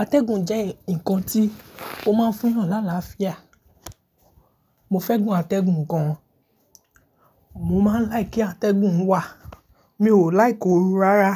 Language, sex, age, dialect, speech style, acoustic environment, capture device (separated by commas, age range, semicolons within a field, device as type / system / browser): Yoruba, male, 18-24, Nigeria - Lagos Yoruba, happy; focused, people talking, mobile / Linux / Chrome